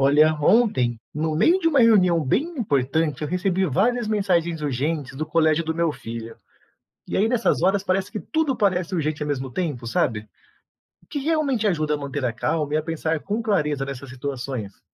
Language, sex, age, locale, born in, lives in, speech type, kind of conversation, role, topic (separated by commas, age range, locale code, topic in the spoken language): Portuguese, male, 35-39, pt-BR, Brazil, Portugal, read, expert-assistant, asker, Quais estratégias ajudam a manter a calma e a clareza quando tudo acontece ao mesmo tempo?